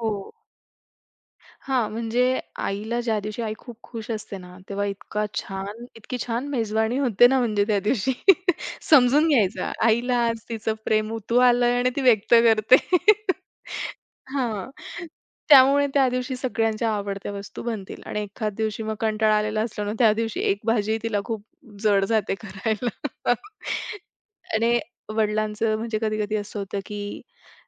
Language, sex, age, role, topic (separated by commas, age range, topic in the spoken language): Marathi, female, 25-29, guest, आपल्या घरात प्रेम कसं व्यक्त केलं जातं?